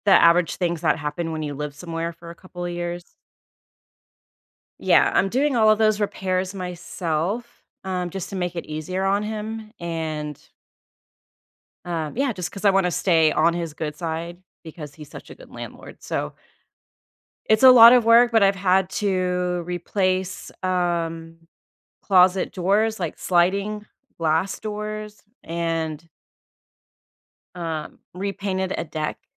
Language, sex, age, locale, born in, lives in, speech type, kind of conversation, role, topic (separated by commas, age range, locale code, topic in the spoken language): English, female, 40-44, en-US, United States, United States, conversation, advice, user, How can I acknowledge and make the most of my pride after a big personal achievement?